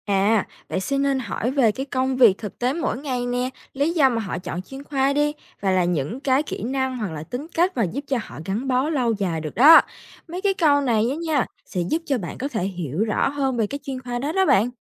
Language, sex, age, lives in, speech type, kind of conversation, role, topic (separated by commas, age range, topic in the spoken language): Vietnamese, female, 18-19, Vietnam, read, expert-assistant, expert, Bạn có lời khuyên nào cho những ai đang băn khoăn chọn chuyên khoa phù hợp với bản thân trong ngành y?